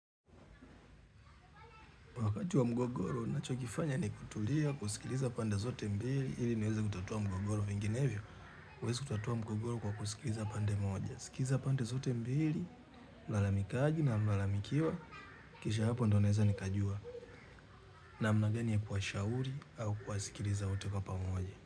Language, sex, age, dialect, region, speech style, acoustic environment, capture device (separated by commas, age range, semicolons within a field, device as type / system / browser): Swahili, male, 35-44, Tanzania - Dar es Salaam, Tanzania, happy; relaxed; focused; excited, people talking; traffic; nature, mobile / Linux / Chrome